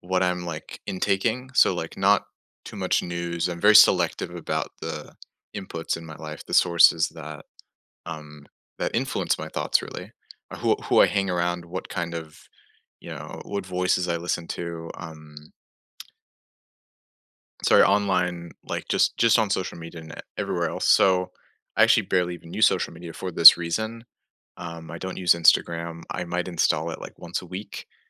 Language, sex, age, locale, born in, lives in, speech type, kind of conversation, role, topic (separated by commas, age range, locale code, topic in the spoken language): English, male, 20-24, en-US, United States, United States, conversation, unstructured, none, What everyday habits make your day run more smoothly?